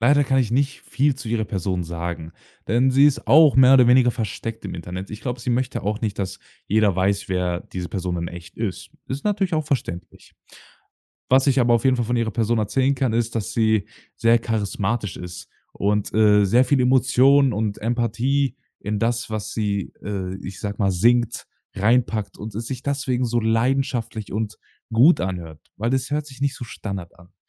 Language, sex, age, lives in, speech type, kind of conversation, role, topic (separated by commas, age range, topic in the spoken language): German, male, 18-19, Germany, conversation, podcast, guest, Von welchem Lied möchtest du erzählen, das dir durch schwere Zeiten geholfen hat?